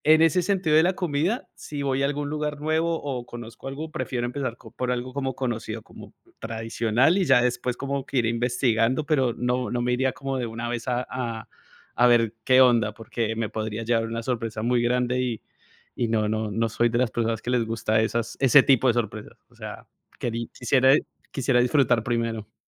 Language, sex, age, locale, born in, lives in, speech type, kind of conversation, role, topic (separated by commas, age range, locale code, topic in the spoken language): Spanish, male, 40-44, es-CO, Colombia, United States, conversation, unstructured, none, ¿Te gusta probar comidas nuevas o prefieres la comida tradicional?